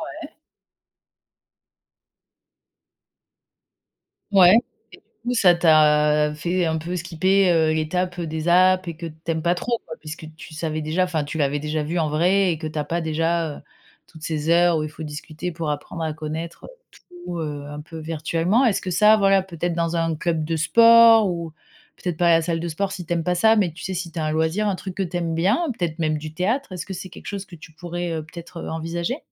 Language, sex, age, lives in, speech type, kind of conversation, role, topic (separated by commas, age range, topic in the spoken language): French, female, 35-39, France, conversation, advice, advisor, Comment décrire ma peur de recommencer à sortir avec quelqu’un ?